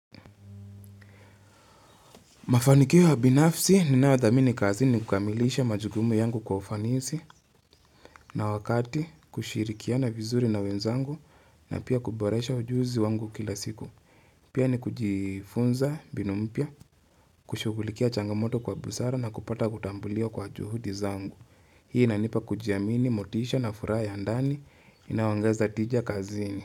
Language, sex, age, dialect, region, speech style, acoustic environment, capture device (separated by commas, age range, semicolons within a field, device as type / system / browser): Swahili, male, 25-34, Kenya - Nairobi Sheng-influenced, Kenya, focused, nature, mobile / Linux / Chrome